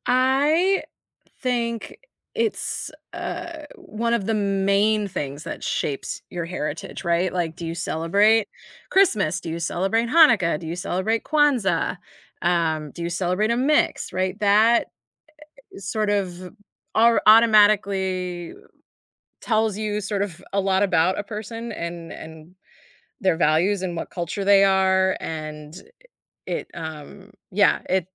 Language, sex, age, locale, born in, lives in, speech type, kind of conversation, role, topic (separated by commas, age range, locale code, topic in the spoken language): English, female, 30-34, en-US, United States, United States, conversation, podcast, guest, How do holidays shape your sense of heritage?